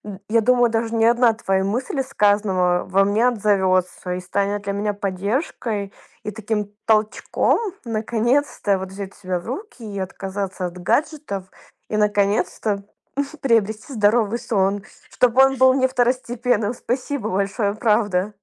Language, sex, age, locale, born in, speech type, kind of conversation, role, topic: Russian, female, 25-29, ru-RU, Ukraine, conversation, advice, user, Как сократить экранное время вечером перед сном, чтобы лучше спать?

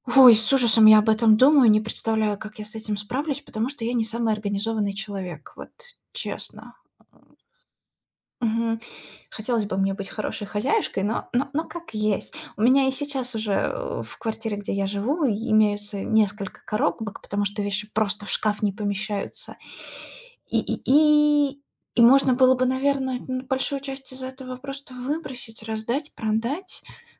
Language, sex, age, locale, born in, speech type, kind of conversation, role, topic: Russian, female, 30-34, ru-RU, Russia, conversation, advice, user, Как организовать вещи при переезде, чтобы в новом доме не было бытового хаоса?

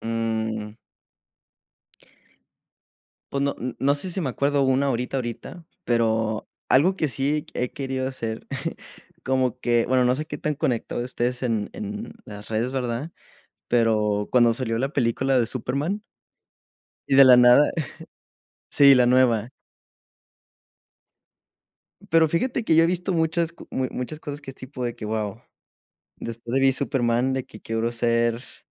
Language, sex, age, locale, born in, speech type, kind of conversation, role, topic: Spanish, male, 20-24, es-MX, Mexico, conversation, unstructured, none, ¿Qué película recomendarías para levantar el ánimo?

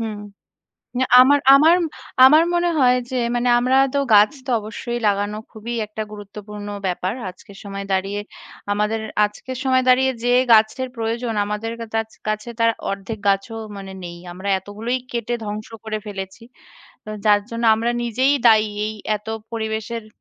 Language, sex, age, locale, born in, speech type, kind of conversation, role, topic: Bengali, female, 30-34, bn-IN, India, conversation, unstructured, none, আপনি কীভাবে পরিবেশবান্ধব জীবনযাপন শুরু করতে পারেন?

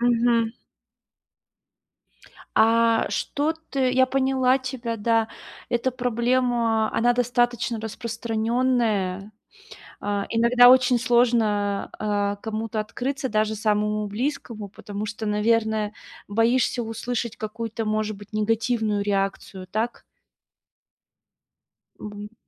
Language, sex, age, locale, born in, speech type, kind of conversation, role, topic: Russian, female, 30-34, ru-RU, Russia, conversation, advice, advisor, Как перестать бояться просить о помощи, когда мне эмоционально тяжело?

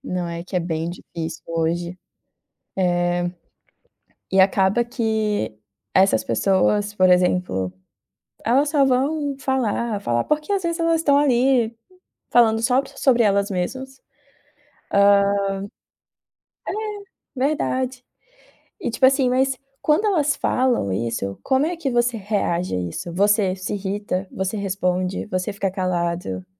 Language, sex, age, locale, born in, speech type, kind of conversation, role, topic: Portuguese, female, 25-29, pt-BR, Brazil, conversation, advice, advisor, Como posso construir resiliência emocional diante de críticas e manter minha autoestima?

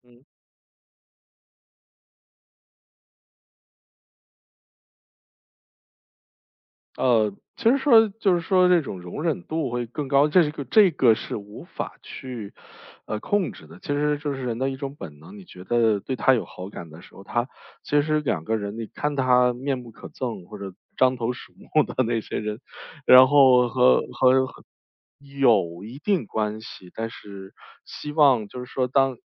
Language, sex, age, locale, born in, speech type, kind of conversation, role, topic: Chinese, male, 35-39, zh-CN, China, conversation, podcast, guest, 是什么触发了你审美的改变？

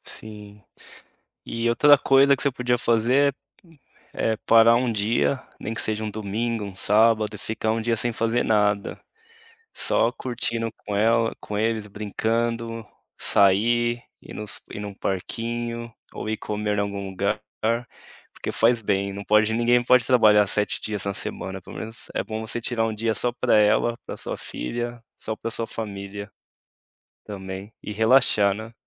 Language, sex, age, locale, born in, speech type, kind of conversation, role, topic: Portuguese, male, 35-39, pt-BR, Brazil, conversation, advice, advisor, Como posso definir limites claros entre o trabalho remoto e a vida em casa?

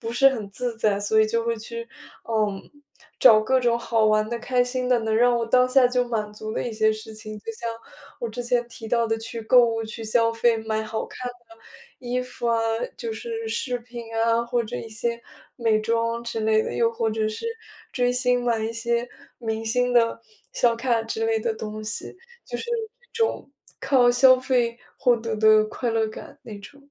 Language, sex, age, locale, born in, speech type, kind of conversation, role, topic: Chinese, female, 20-24, zh-CN, China, conversation, advice, user, 我怎样才能抵抗诱惑，避免短期满足并坚持长期目标？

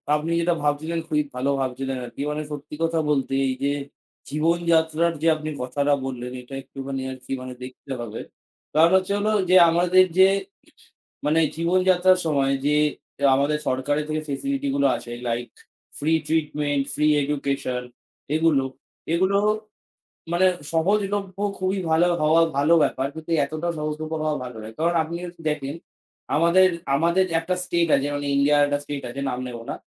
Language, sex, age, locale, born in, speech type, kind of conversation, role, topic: Bengali, male, 18-19, bn-IN, India, conversation, unstructured, none, সরকারি পরিষেবা আরও সহজলভ্য হলে জনগণ কেমন অনুভব করবে?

